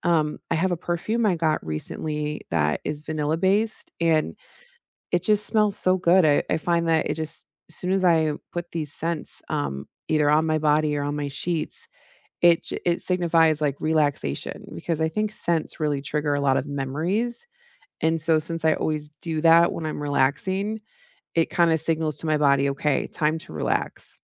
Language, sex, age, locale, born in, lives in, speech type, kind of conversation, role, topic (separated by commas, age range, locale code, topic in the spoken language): English, female, 35-39, en-US, United States, United States, conversation, unstructured, none, What are your go-to ways to unwind after a long, stressful day, and how can we share and support each other’s routines?